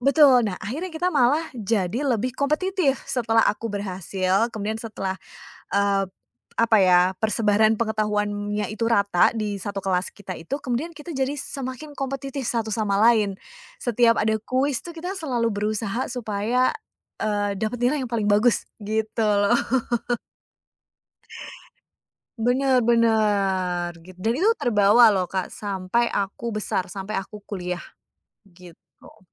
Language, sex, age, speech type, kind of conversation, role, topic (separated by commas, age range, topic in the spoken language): Indonesian, female, 30-34, conversation, podcast, guest, Apa pengalaman belajar yang paling berkesan buat kamu?